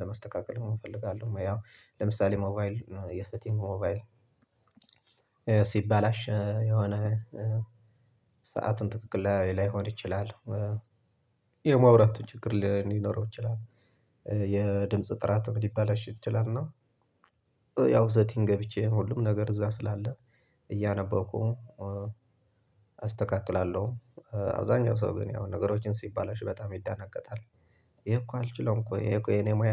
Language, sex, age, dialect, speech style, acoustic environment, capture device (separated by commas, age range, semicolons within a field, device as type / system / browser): Amharic, male, 25-34, Ethiopia - Tigray, happy; relaxed; focused; excited, silence, desktop / Linux / Chrome